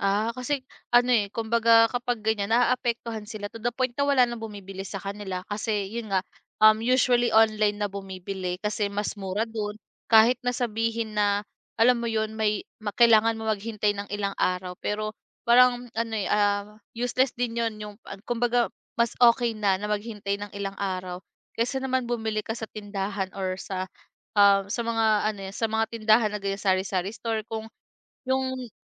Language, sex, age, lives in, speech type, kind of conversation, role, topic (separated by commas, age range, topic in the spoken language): Filipino, female, 25-29, Philippines, conversation, podcast, guest, Paano nagbago ang paraan ng pamimili mo dahil sa mga serbisyong padala at mga tindahang nasa internet?